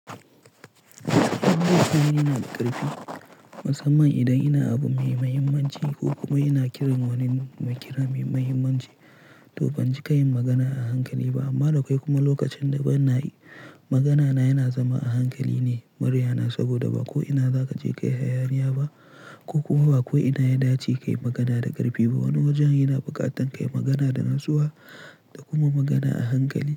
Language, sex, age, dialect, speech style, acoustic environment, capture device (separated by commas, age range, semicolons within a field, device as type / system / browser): Hausa, male, 18-24, Nigeria - Pidgin-influenced, relaxed; tired; stressed; focused, silence; nature, mobile / Linux / Chrome